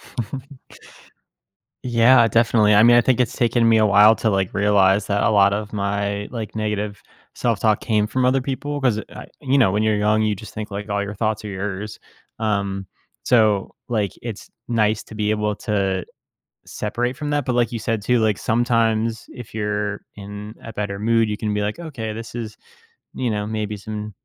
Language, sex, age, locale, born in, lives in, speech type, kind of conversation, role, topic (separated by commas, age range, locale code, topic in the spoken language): English, male, 30-34, en-US, United States, United States, conversation, podcast, host, What are some effective ways to break the cycle of negative self-talk?